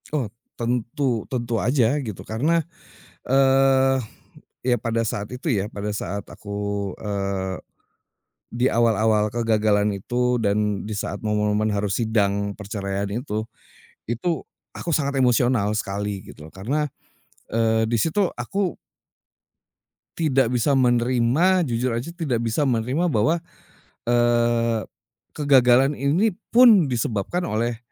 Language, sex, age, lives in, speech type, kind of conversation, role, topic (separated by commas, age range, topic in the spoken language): Indonesian, male, 40-44, Indonesia, conversation, podcast, guest, Apa perbedaan antara menerima dan ikhlas menurut pengalamanmu?